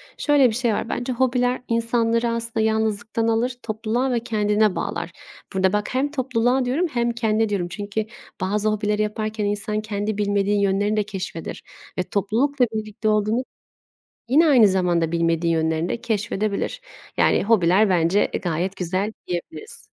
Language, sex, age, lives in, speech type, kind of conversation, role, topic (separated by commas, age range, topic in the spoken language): Turkish, female, 25-29, Italy, conversation, unstructured, none, Hobiler sosyal hayatına nasıl katkıda bulunuyor?